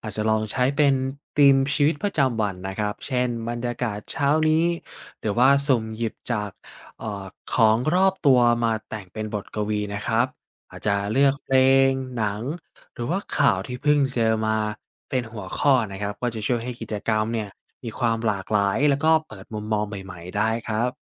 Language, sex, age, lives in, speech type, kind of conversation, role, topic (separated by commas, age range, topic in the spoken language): Thai, male, 20-24, Thailand, read, expert-assistant, expert, เวลามีคนบอกว่าบทกวีเข้าใจยาก เราจะช่วยให้เขาเห็นความสนุกของการอ่านบทกวีได้อย่างไร